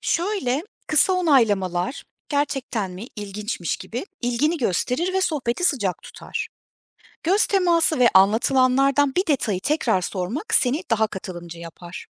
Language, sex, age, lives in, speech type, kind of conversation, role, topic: Turkish, female, 35-39, Germany, read, expert-assistant, expert, Farklı toplulukların günlük alışkanlıklarını anlamak için hangi yolları deneyebilirim?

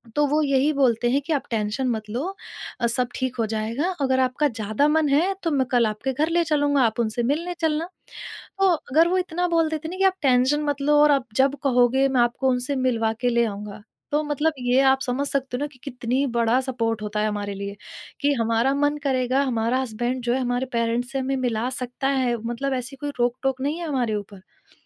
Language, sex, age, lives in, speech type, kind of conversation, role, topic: Hindi, female, 30-34, India, conversation, podcast, guest, Emotional support apni family se bina guilty feel kiye kaise maang sakte hain?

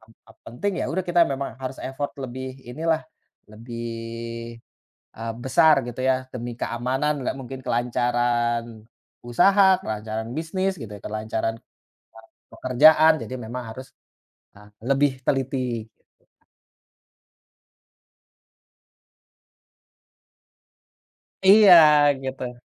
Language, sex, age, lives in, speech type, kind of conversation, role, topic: Indonesian, male, 30-34, Indonesia, conversation, podcast, guest, Apa pelajaran terbesar yang kamu dapat dari pengalaman tersesat saat bepergian?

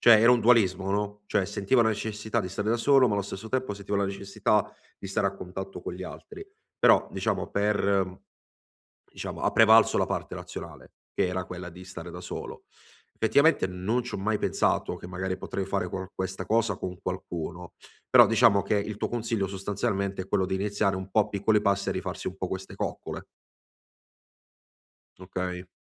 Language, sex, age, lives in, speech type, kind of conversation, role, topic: Italian, male, 25-29, Italy, conversation, advice, user, Come posso riconnettermi alle mie passioni e ai miei interessi dopo una rottura e ritrovare me stesso?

